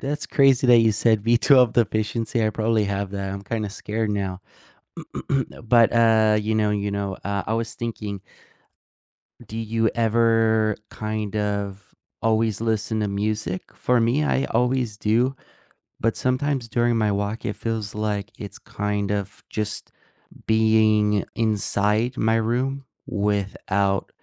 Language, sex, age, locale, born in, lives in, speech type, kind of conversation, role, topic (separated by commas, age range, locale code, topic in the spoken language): English, male, 20-24, en-US, United States, United States, conversation, unstructured, none, What small daily choices have the biggest impact on how your day goes?